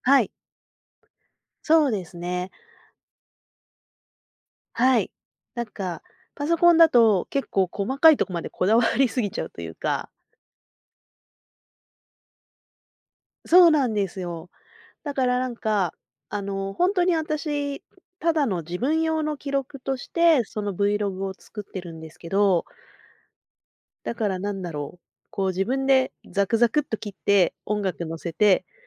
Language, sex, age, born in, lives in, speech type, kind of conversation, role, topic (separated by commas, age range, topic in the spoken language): Japanese, female, 40-44, Japan, Japan, conversation, podcast, guest, 今の創作で一番楽しんでいるのはどんなことですか？